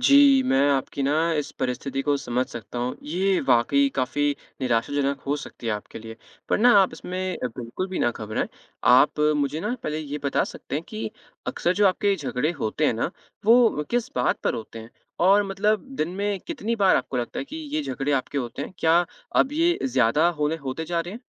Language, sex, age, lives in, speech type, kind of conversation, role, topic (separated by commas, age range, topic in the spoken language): Hindi, male, 20-24, India, conversation, advice, advisor, रिश्ते में बार-बार होने वाले झगड़े क्यों होते हैं और उन्हें कैसे सुलझाया जा सकता है?